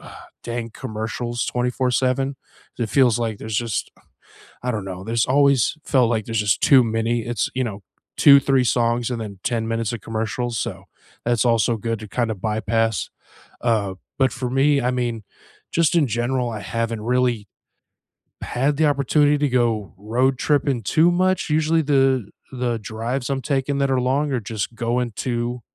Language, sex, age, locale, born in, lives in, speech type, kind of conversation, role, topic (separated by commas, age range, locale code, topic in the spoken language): English, male, 35-39, en-US, United States, United States, conversation, unstructured, none, What road trip routes do you recommend, which playlists go with them, and why do they matter to you?